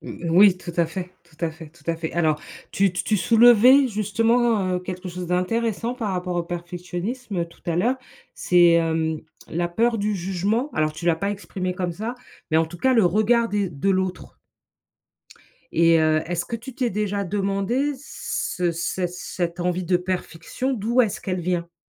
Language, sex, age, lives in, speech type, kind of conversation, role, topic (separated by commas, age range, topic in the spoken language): French, female, 45-49, United States, conversation, advice, advisor, Comment le perfectionnisme t’empêche-t-il de finir tes projets ?